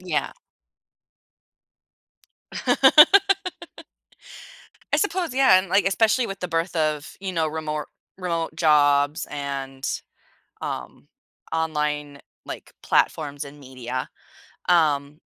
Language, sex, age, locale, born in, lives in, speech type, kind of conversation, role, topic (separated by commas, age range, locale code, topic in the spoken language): English, female, 30-34, en-US, United States, United States, conversation, podcast, host, What helps you create healthy boundaries between technology and everyday life?